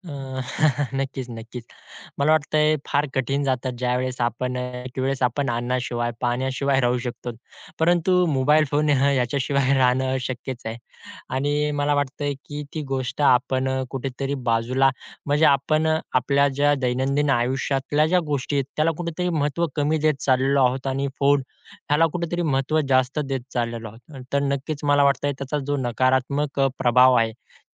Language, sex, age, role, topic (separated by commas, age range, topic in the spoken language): Marathi, male, 20-24, guest, फोन बाजूला ठेवून निसर्ग अनुभवण्यासाठी कोणते सोपे उपाय करता येतील?